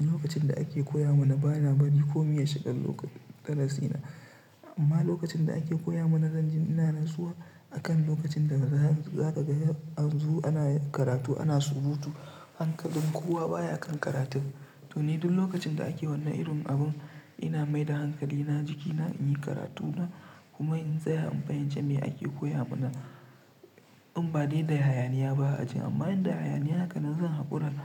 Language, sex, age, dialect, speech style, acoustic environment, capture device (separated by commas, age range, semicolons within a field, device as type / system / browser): Hausa, male, 25-34, Nigeria - Kano, relaxed; tired; stressed; focused, nature; silence, mobile / Linux / Chrome